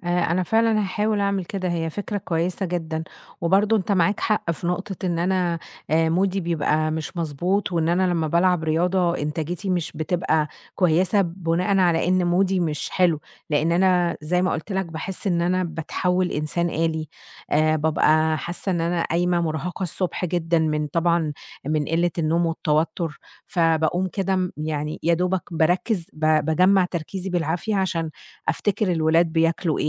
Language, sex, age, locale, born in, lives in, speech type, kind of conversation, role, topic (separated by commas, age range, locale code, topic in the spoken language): Arabic, female, 30-34, ar-EG, Egypt, Egypt, conversation, advice, user, إزاي ألاقي وقت للرياضة بين الشغل والبيت؟